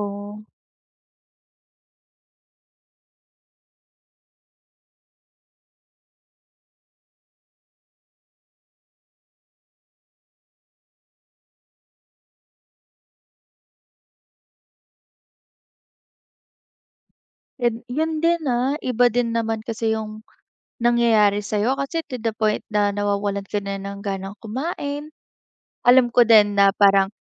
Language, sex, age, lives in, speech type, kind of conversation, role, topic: Filipino, female, 20-24, Philippines, conversation, advice, advisor, Ano ang maaari mong gawin kapag pagod ka at wala kang gana dahil sa sobrang pagkaubos ng lakas?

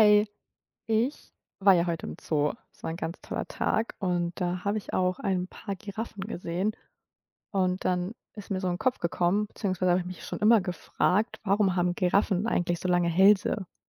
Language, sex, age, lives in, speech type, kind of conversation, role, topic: German, female, 25-29, Germany, read, expert-assistant, asker, Warum haben Giraffen so lange Hälse?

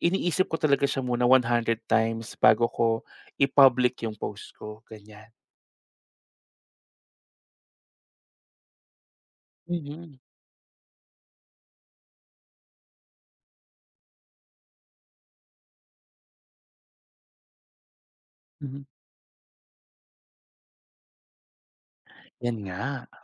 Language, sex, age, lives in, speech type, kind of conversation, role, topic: Filipino, male, 30-34, Philippines, conversation, podcast, guest, Ano ang papel ng iyong reputasyon sa internet sa paghahanap mo ng trabaho?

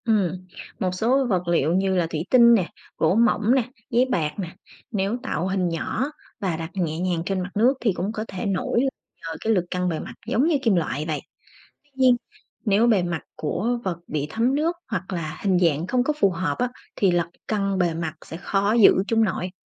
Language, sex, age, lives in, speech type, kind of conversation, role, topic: Vietnamese, female, 30-34, Vietnam, read, expert-assistant, expert, Vì sao khi ta thả một chiếc kim lên mặt nước, kim có thể nổi?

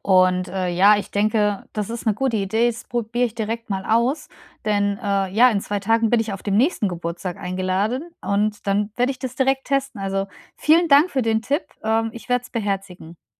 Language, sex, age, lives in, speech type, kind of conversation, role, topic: German, female, 35-39, Germany, conversation, advice, user, Warum fällt es mir so schwer, entspannende Freizeitaktivitäten zu genießen?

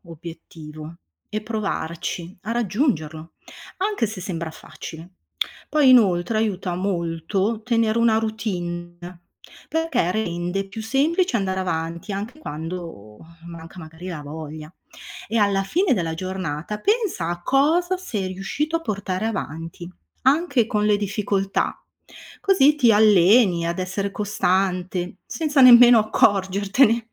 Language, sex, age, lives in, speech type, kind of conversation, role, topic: Italian, female, 55-59, Italy, read, expert-assistant, expert, In che modo la perseveranza aiuta a superare le difficoltà?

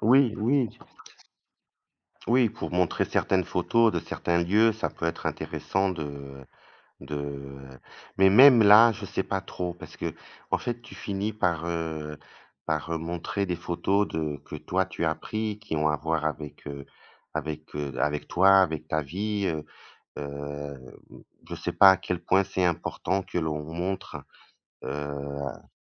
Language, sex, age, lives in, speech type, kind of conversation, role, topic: French, male, 55-59, Portugal, conversation, unstructured, none, Pensez-vous que les réseaux sociaux font plus de mal que de bien ?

